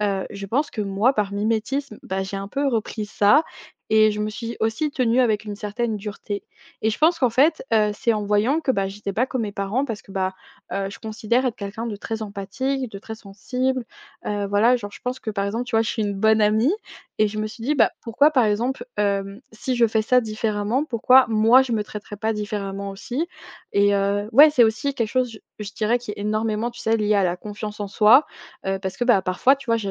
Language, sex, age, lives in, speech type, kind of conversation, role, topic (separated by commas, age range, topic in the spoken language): French, female, 20-24, France, conversation, podcast, guest, Comment pratiques-tu l’auto-compassion au quotidien ?